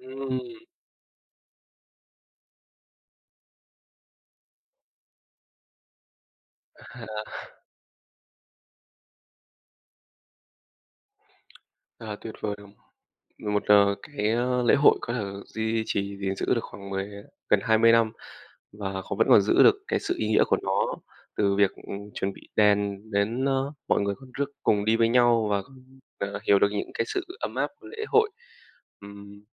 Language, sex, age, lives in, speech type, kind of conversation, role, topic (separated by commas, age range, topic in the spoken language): Vietnamese, male, 20-24, Vietnam, conversation, podcast, host, Bạn có thể kể về một lễ hội địa phương mà bạn từng tham gia không?